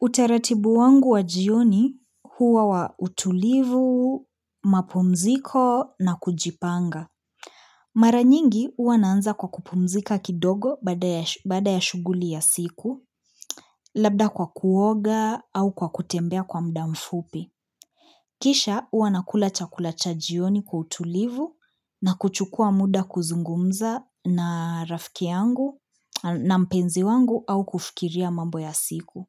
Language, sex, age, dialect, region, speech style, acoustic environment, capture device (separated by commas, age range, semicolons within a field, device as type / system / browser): Swahili, female, 25-34, Kenya - Nairobi Sheng-influenced, Kenya, relaxed, appliances, mobile / Linux / Chrome